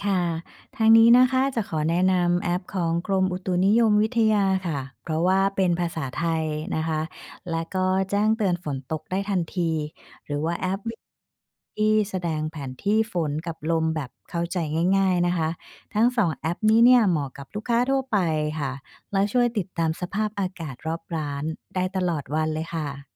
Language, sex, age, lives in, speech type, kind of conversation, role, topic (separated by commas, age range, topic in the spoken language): Thai, female, 45-49, Thailand, read, expert-assistant, expert, ถ้าอยากติดตามพยากรณ์อากาศที่แม่นยำในไทย ควรเช็คจากที่ไหนดีที่สุด?